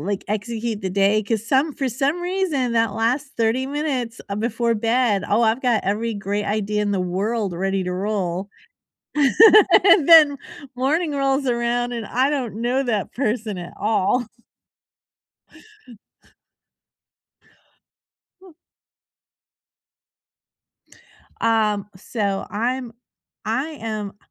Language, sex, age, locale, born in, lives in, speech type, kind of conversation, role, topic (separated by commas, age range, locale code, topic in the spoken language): English, female, 50-54, en-US, United States, United States, conversation, unstructured, none, What small habit really changed your daily routine?